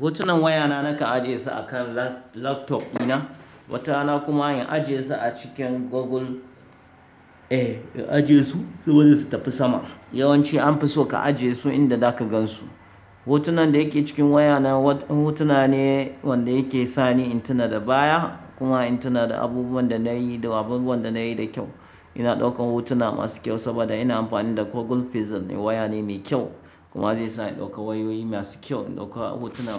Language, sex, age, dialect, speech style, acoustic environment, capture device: Hausa, male, 35-44, Nigeria - Kano, focused, nature, mobile / Linux / Chrome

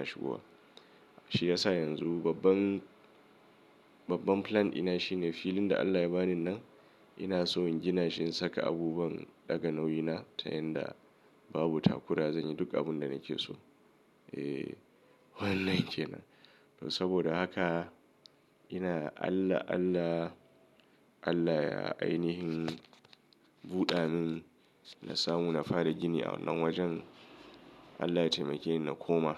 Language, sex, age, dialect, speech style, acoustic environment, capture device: Hausa, male, 35-44, Nigeria - Kano, anxious; nervous; excited; stressed, nature; silence, mobile / Linux / Chrome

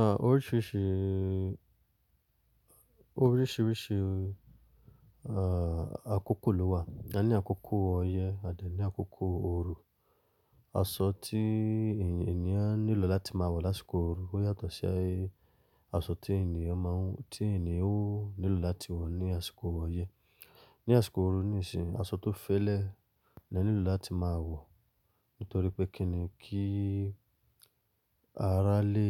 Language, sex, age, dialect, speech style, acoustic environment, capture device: Yoruba, male, 25-34, Nigeria - Lagos Yoruba, excited; focused, silence, mobile / Linux / Chrome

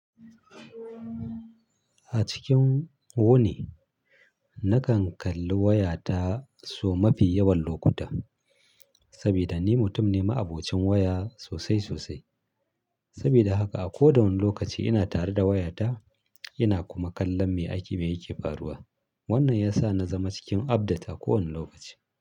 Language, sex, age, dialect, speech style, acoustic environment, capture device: Hausa, male, 35-44, Nigeria - Kano, happy, silence, mobile / Linux / Chrome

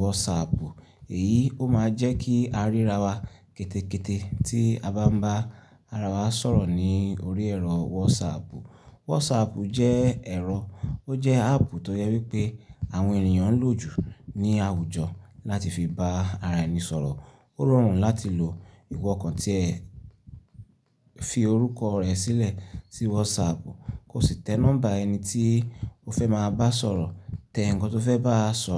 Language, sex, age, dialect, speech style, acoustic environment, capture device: Yoruba, male, 25-34, Nigeria - Ibadan, happy; relaxed; excited; focused, silence; nature, desktop / Linux / Chrome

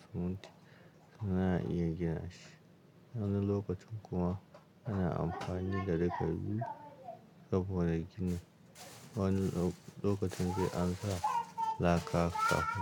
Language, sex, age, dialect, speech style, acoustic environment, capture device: Hausa, male, 35-44, Nigeria - Kano, happy, silence, mobile / Linux / Chrome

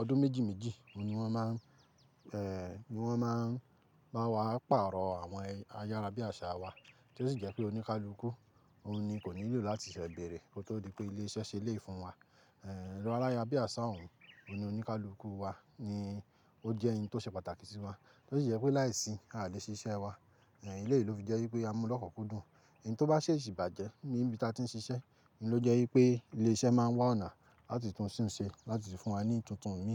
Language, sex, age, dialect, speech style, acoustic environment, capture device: Yoruba, male, 25-34, Nigeria - Ibadan, focused; happy, nature, mobile / Linux / Chrome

